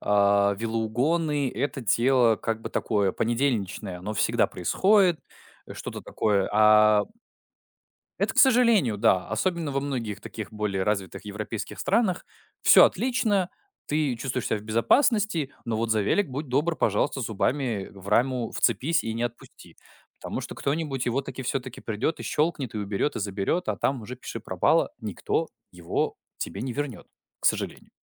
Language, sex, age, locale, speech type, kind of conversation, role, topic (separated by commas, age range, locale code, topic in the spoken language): Russian, male, 20-24, ru-RU, conversation, podcast, guest, Как хобби помогает справляться со стрессом?